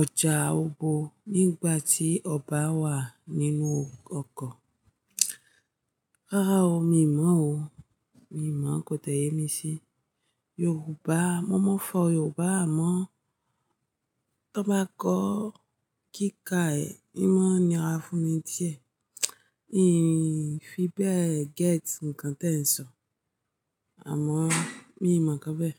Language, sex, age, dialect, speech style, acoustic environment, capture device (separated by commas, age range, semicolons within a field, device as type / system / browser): Yoruba, female, 25-34, Nigeria - Ijebu, happy; focused, music; nature; people talking, mobile / Linux / Chrome